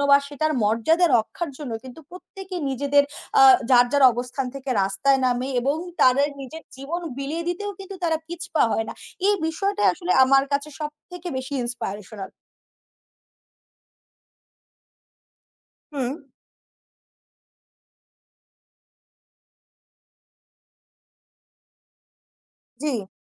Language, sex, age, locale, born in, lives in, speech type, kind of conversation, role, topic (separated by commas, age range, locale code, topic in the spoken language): Bengali, female, 20-24, bn-BD, Bangladesh, Bangladesh, conversation, unstructured, none, ইতিহাসের কোন ঘটনা শুনলে আপনার মন ভালো হয়ে যায়?